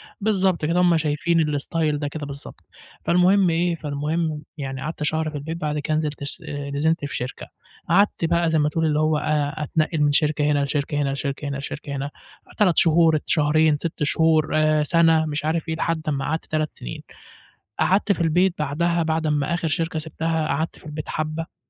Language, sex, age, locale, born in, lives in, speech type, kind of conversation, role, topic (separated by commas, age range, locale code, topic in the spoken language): Arabic, male, 20-24, ar-EG, Egypt, Egypt, conversation, advice, user, إزاي أوازن بين اللي أنا عايزه في حياتي وتوقعات أهلي من غير ما يحصل خناق؟